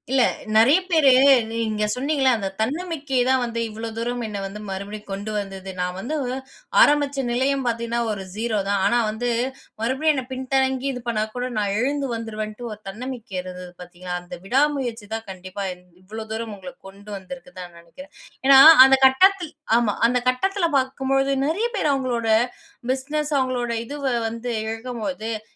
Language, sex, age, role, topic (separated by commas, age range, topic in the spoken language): Tamil, female, 20-24, host, ஒரு தடுமாற்றம் ஏற்பட்டால் அதிலிருந்து மீண்டு வர என்ன வழிமுறைகள் பின்பற்றலாம்?